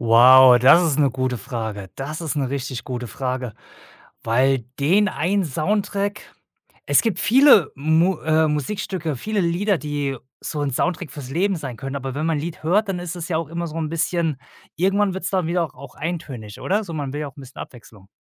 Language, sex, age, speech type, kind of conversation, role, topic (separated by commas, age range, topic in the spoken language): German, male, 35-39, conversation, podcast, guest, Welches Lied wäre dein Soundtrack fürs Leben?